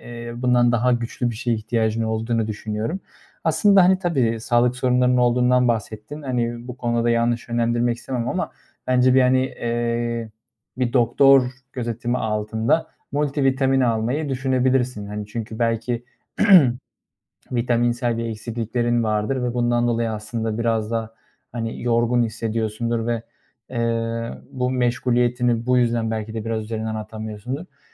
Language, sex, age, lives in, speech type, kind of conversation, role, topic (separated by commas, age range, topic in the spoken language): Turkish, male, 25-29, Germany, conversation, advice, advisor, İş ve ev arasında sürekli meşgul hissederken nasıl daha rahat ve dinlenmiş hissedebilirim?